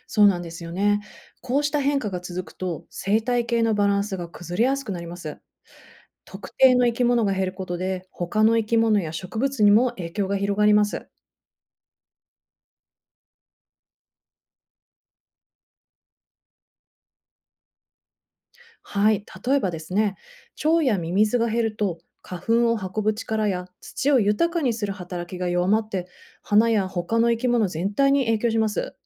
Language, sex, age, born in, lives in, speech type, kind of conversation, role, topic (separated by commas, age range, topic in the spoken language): Japanese, female, 35-39, Japan, United States, read, expert-assistant, expert, 近年、身近な公園で昆虫の数が減っているように感じますが、どのような生態系のトラブルが考えられますか？